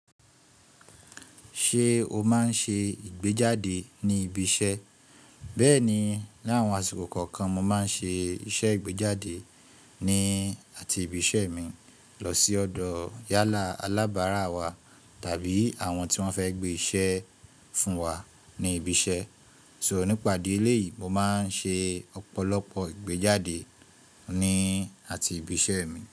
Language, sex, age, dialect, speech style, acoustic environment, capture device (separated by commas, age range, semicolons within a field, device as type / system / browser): Yoruba, male, 25-34, Nigeria - Ibadan, relaxed, appliances, mobile / Linux / Chrome